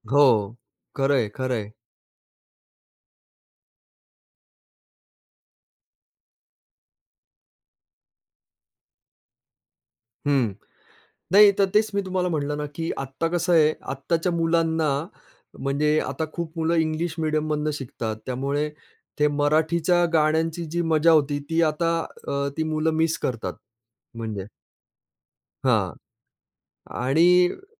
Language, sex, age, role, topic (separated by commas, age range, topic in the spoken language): Marathi, male, 45-49, guest, लहानपणी तुला कोणती गाणी सर्वाधिक आवडायची?